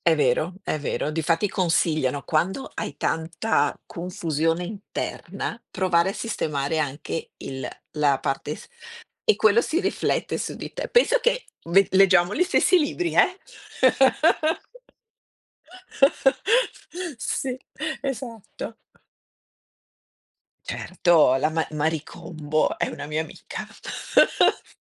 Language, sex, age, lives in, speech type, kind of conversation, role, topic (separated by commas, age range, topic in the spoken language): Italian, female, 50-54, Italy, conversation, unstructured, none, Come inizi la tua giornata per sentirti subito bene?